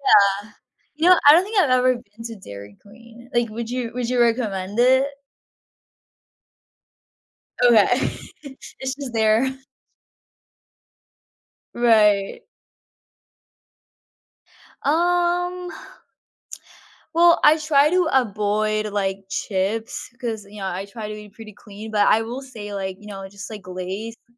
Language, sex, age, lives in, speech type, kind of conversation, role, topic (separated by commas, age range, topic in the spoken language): English, female, 18-19, United States, conversation, unstructured, none, Which do you prefer, sweet snacks or salty snacks, and why?